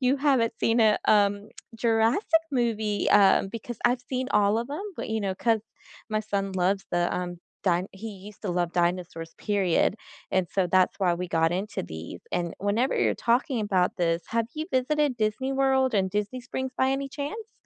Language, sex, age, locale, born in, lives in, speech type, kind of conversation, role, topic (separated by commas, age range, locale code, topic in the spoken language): English, female, 35-39, en-US, United States, United States, conversation, unstructured, none, What is the best meal you have had recently, and why did it stand out?